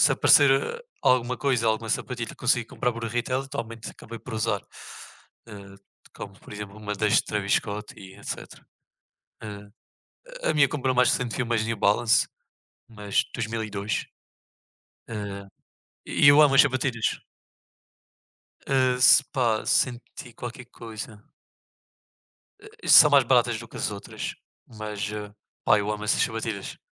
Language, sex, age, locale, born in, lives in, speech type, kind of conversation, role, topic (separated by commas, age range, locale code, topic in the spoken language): Portuguese, male, 20-24, pt-PT, Portugal, Portugal, conversation, unstructured, none, Você já se arrependeu de alguma compra que fez?